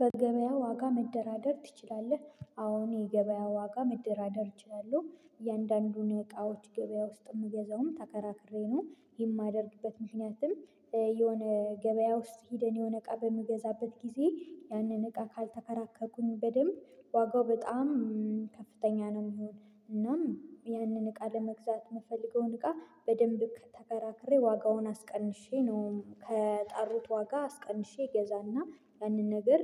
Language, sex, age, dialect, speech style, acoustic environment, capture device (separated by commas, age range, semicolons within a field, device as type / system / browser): Amharic, female, 25-34, Ethiopia - Gojjam, excited, silence, mobile / Linux / Chrome